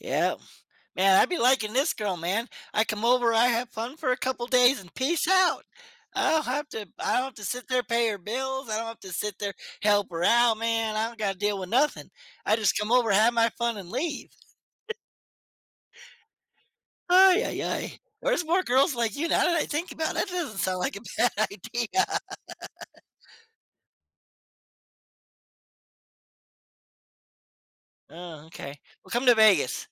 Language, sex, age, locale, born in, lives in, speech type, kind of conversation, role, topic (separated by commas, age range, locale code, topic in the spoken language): English, male, 40-44, en-US, United States, United States, conversation, unstructured, none, What helps siblings build strong and lasting connections over time?